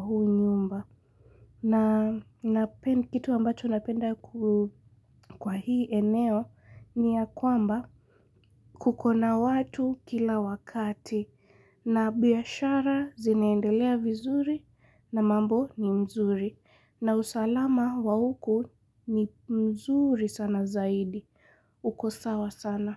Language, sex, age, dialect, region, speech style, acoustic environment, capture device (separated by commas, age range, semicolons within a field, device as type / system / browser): Swahili, female, 18-24, Kenya - Mombasa, Kenya, relaxed; excited; focused, nature, mobile / Linux / Chrome